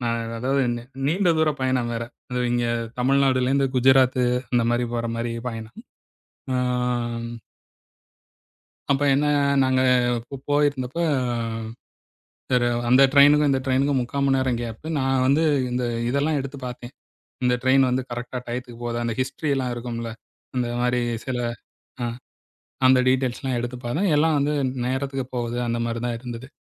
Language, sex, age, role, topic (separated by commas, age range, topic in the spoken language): Tamil, male, 40-44, guest, பயணத்தில் நீங்கள் கற்றுக்கொண்ட மிக முக்கியமான பாடம் என்ன?